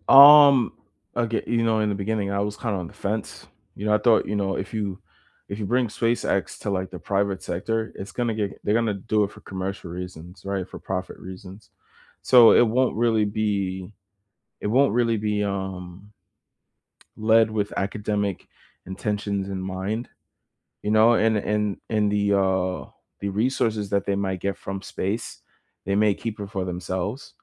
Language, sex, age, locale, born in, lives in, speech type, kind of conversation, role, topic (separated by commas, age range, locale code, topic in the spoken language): English, male, 25-29, en-US, United States, United States, conversation, unstructured, none, Why do you think space exploration matters to us today?